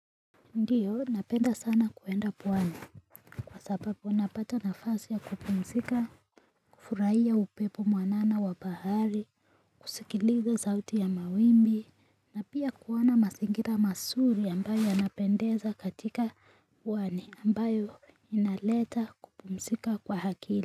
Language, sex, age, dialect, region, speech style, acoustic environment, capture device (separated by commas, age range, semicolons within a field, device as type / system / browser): Swahili, female, 18-24, Kenya - Nairobi Sheng-influenced, Kenya, happy, nature, mobile / Linux / Chrome